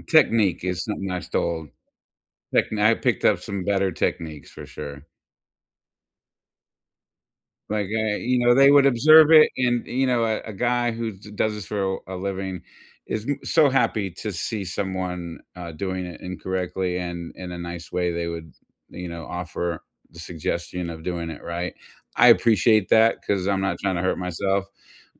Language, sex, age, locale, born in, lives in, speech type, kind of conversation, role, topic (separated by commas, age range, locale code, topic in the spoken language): English, male, 55-59, en-US, United States, United States, conversation, unstructured, none, What small or quirky workout rituals make exercise more fun for you?